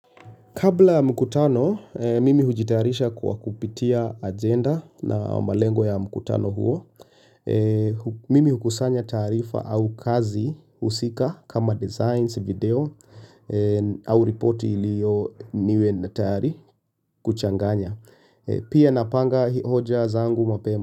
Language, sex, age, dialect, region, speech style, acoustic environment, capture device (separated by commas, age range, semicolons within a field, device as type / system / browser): Swahili, male, 25-34, Kenya - Nairobi Sheng-influenced, Kenya, relaxed; focused; nervous, silence, mobile / Linux / Chrome